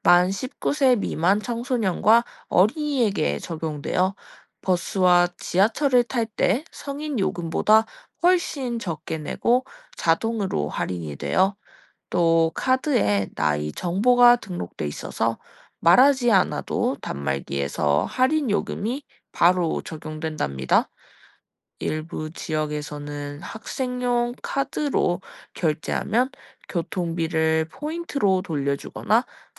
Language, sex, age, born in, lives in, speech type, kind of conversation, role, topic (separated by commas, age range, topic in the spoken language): Korean, female, 20-24, South Korea, Japan, read, expert-assistant, expert, 버스카드는 어떻게 요금을 계산하나요?